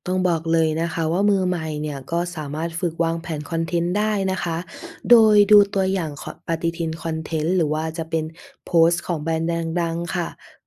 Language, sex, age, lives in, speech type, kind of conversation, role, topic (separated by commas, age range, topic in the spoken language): Thai, female, 20-24, Thailand, read, expert-assistant, expert, อยากเก่งการตลาดต้องเริ่มต้นจากอะไร และทักษะไหนสำคัญที่สุดในยุคนี้?